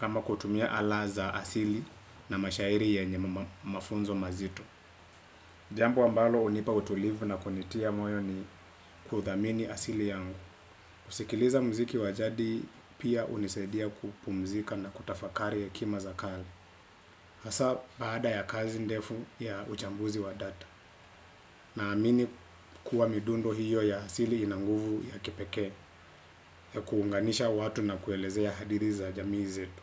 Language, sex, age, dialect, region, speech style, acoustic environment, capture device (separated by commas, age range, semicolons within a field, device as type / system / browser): Swahili, male, 25-34, Kenya - Nairobi Sheng-influenced, Kenya, relaxed; focused, silence, desktop / Windows / Chrome